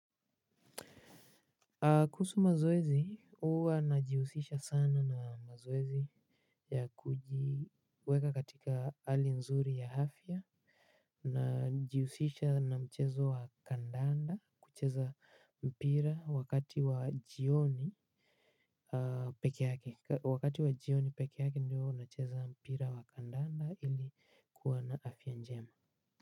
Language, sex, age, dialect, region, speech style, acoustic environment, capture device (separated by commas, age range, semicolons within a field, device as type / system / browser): Swahili, male, 18-24, Kenya - Nairobi Sheng-influenced, Kenya, relaxed, nature, mobile / Linux / Chrome